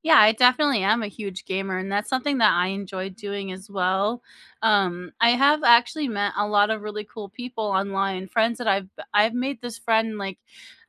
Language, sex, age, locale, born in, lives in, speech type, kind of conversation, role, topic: English, female, 30-34, en-US, United States, United States, conversation, unstructured, none, What is your favorite way to recharge after a long week?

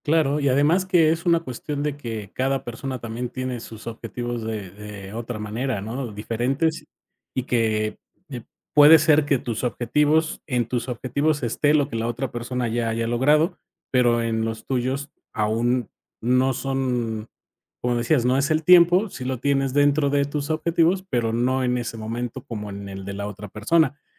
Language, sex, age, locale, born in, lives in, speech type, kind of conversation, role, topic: Spanish, male, 45-49, es-MX, Mexico, Mexico, conversation, podcast, host, ¿Cómo te enfrentas a la comparación con los demás?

